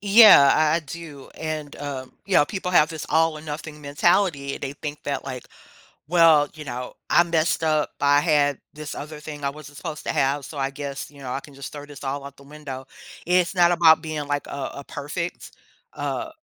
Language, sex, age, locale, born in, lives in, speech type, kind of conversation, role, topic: English, female, 50-54, en-US, United States, United States, conversation, podcast, guest, What are some realistic ways to make healthy eating feel manageable and sustainable?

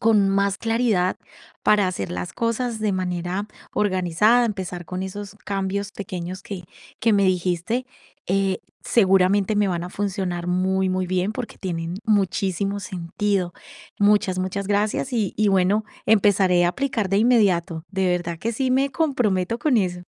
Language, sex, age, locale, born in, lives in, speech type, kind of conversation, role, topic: Spanish, female, 45-49, es-CO, Colombia, Italy, conversation, advice, user, ¿Cómo puedo gestionar mejor mis responsabilidades y mi tiempo ahora?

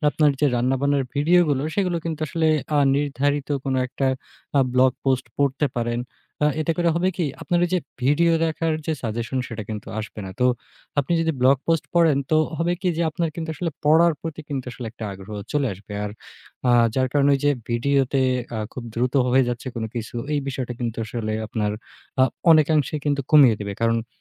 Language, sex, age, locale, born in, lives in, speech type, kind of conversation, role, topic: Bengali, male, 20-24, bn-BD, Bangladesh, Bangladesh, conversation, advice, advisor, শোবার আগে ফোন ব্যবহার বন্ধ করতে না পারায় কি আপনার ঘুমের সমস্যা হচ্ছে?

